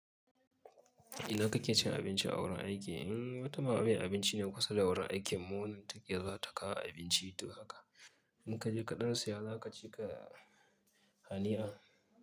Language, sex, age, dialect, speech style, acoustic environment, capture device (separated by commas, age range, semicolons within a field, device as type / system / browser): Hausa, male, 25-34, Nigeria - Kano, focused, traffic, mobile / Linux / Chrome